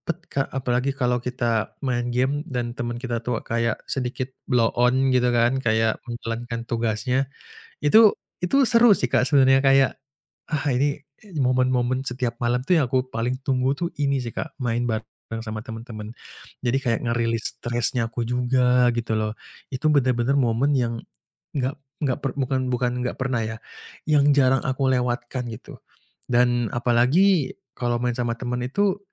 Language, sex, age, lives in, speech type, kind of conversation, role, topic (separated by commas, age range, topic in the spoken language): Indonesian, male, 25-29, Indonesia, conversation, podcast, guest, Bagaimana kamu menyeimbangkan karier dan kebahagiaan?